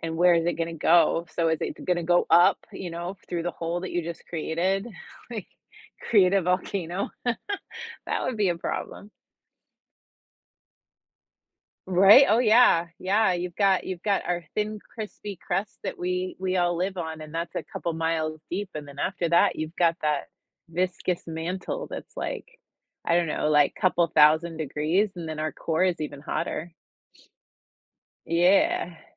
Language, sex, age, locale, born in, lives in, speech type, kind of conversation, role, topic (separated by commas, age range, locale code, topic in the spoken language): English, female, 45-49, en-US, United States, United States, conversation, unstructured, none, Would you rather remember every dream you’ve ever had, or be able to control what you dream about each night?